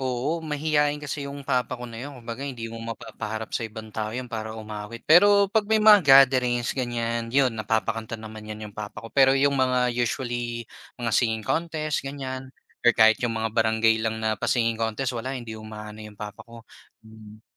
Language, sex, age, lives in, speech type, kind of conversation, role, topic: Filipino, male, 25-29, Philippines, conversation, podcast, guest, Ano ang pinaka-makabuluhang alaala mo mula sa isang libangan?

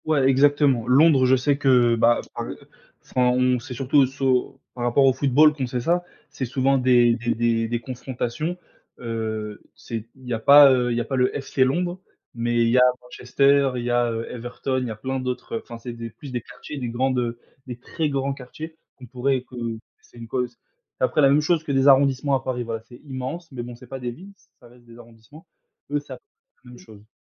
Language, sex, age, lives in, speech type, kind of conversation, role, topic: French, male, 20-24, France, conversation, unstructured, none, Quel est ton endroit préféré pour voyager, et pourquoi ?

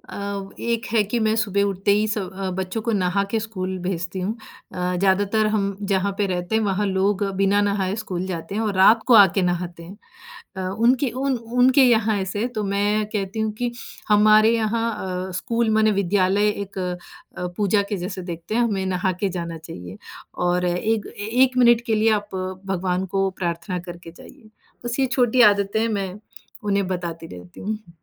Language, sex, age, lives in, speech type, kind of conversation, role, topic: Hindi, female, 40-44, United States, conversation, podcast, guest, अपनी जड़ों और नई आदतों के बीच आप संतुलन कैसे बनाते हैं?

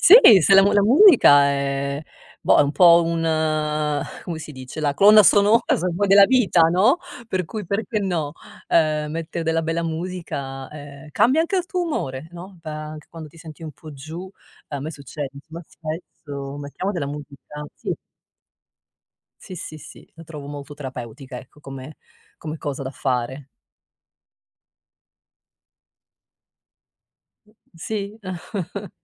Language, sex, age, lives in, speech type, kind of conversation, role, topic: Italian, female, 50-54, United States, conversation, podcast, guest, Qual è la canzone che ti ha cambiato la vita?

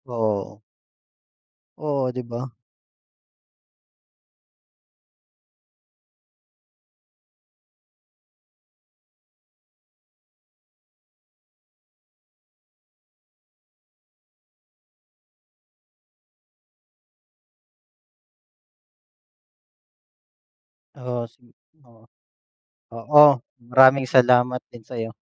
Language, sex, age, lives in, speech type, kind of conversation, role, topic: Filipino, male, 30-34, Philippines, conversation, unstructured, none, Kung maaari kang magbago ng isang batas, alin ito at bakit?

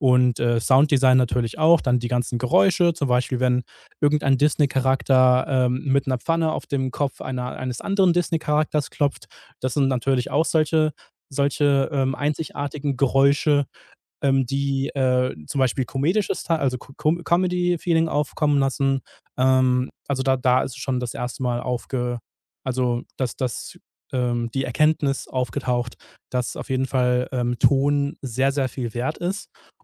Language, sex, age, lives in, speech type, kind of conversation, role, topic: German, male, 30-34, Germany, conversation, podcast, guest, Wie beeinflussen Filmmusik und Sounddesign unsere Gefühle?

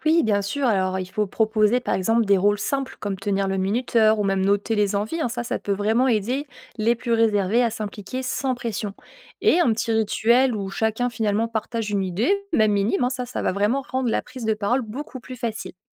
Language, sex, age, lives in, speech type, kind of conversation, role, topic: French, female, 25-29, France, read, expert-assistant, expert, Quelles méthodes aident à équilibrer spontanéité et organisation lors d’un périple à l’étranger ?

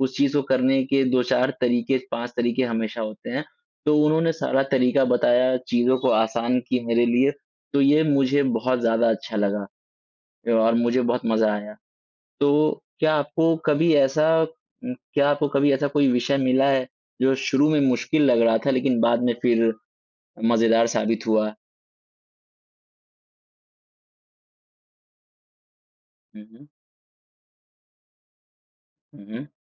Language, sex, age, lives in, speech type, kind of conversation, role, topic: Hindi, male, 20-24, India, conversation, unstructured, none, आपको पढ़ाई में सबसे मज़ेदार क्या लगता है?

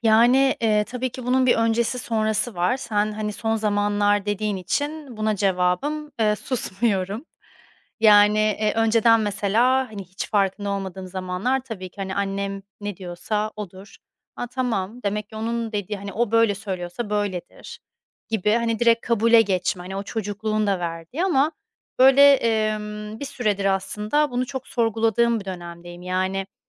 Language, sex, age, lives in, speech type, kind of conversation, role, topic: Turkish, female, 30-34, Spain, conversation, advice, user, İç sesimle daha nazik ve anlayışlı nasıl konuşabilirim?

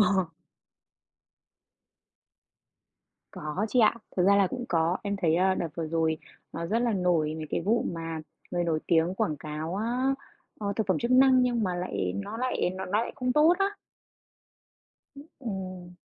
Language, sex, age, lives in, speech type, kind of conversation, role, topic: Vietnamese, female, 30-34, Vietnam, conversation, podcast, guest, Người có ảnh hưởng có phải chịu trách nhiệm về những thông tin họ chia sẻ không?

